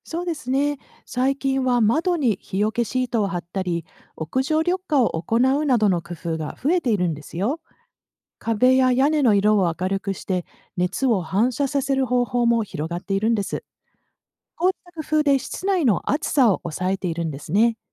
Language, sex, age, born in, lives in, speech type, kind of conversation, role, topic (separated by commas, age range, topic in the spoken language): Japanese, female, 50-54, Japan, United States, read, expert-assistant, expert, もし地球の平均気温が今より2度上がったら、私たちの毎日はどう変わるでしょうか？